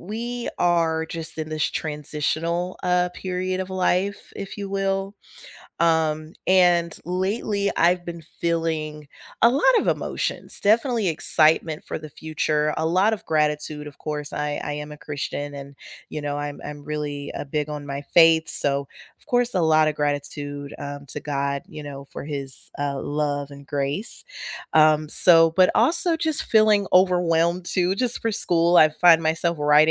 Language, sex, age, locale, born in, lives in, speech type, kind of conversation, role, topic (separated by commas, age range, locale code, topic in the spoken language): English, female, 30-34, en-US, United States, United States, conversation, unstructured, none, Should you be completely open about how you have really been feeling lately?